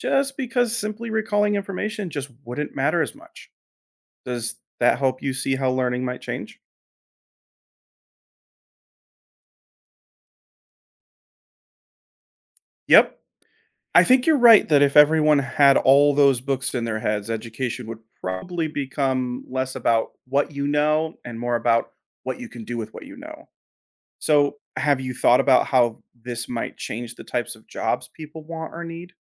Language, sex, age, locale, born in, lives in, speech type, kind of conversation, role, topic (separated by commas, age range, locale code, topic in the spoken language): English, male, 30-34, en-US, United States, United States, read, expert-assistant, expert, How would learning change with perfect book memory?